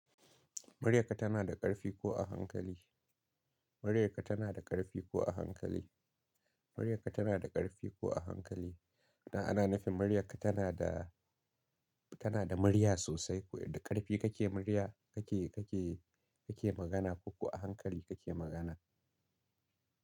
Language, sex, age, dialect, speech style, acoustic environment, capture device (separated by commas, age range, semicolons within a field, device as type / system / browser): Hausa, male, 25-34, Nigeria - Katsina, relaxed, silence, mobile / Linux / Chrome